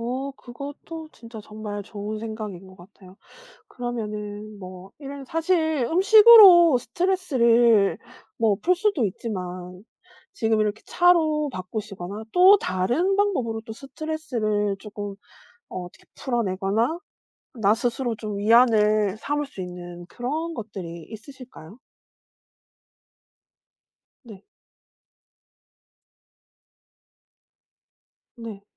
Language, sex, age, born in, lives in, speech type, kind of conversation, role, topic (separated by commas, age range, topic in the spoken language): Korean, female, 40-44, South Korea, South Korea, conversation, podcast, host, 스트레스를 받을 때 가장 먼저 떠오르는 위안 음식은 무엇인가요?